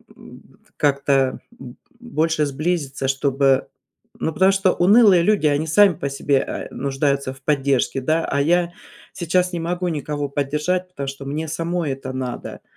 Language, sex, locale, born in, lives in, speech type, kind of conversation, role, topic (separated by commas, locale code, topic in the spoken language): Russian, female, ru-RU, Russia, United States, conversation, advice, user, Как справиться с ожиданием результатов медицинских анализов?